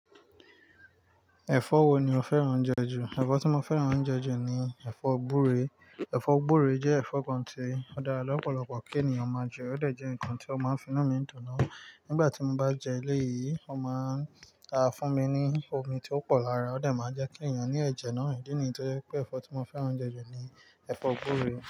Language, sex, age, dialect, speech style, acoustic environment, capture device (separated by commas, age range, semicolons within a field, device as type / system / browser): Yoruba, male, 25-34, Nigeria - Nigerian Standard, focused, nature, mobile / Linux / Chrome